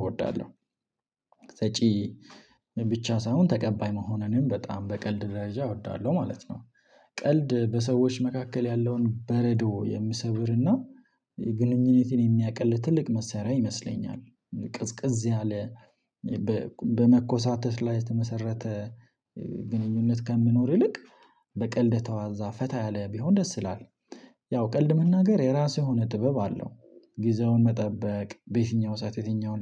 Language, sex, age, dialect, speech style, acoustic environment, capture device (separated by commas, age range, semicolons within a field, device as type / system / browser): Amharic, male, 25-34, Ethiopia - Addis Ababa, happy; relaxed; excited; focused, silence, mobile / Linux / Chrome